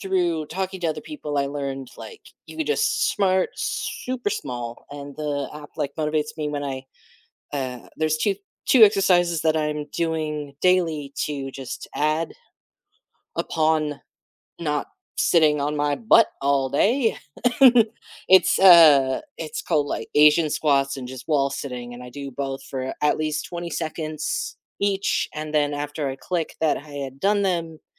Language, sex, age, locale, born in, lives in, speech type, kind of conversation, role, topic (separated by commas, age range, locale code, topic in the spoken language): English, male, 30-34, en-US, United States, United States, conversation, unstructured, none, How do you usually motivate yourself to exercise?